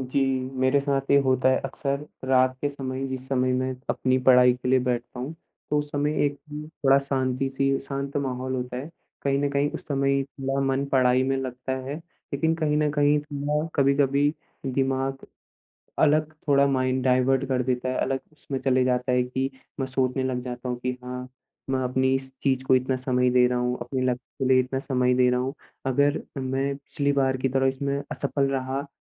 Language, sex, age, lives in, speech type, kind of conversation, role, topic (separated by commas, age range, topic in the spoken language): Hindi, male, 25-29, India, conversation, advice, user, मेरा लक्ष्य पूरा करने का उत्साह क्यों कम हो गया है और मैं इसे कैसे वापस ला सकता/सकती हूँ?